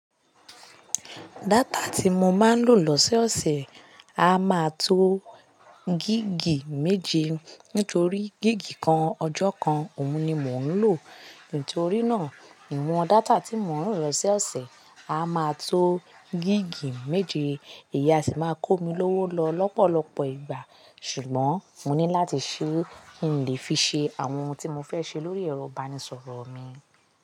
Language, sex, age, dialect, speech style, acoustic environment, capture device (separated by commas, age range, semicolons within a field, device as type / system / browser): Yoruba, female, 25-34, Nigeria - Lagos Yoruba, stressed, people talking, mobile / Linux / Chrome